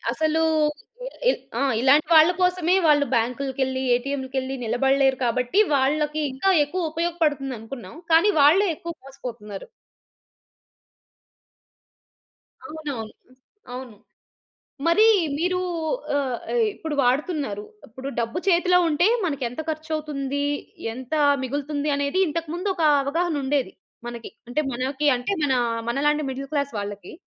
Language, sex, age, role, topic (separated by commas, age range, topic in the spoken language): Telugu, female, 30-34, host, డిజిటల్ చెల్లింపులు వాడటం మీ రోజువారీ అలవాటుగా ఎలా మారింది?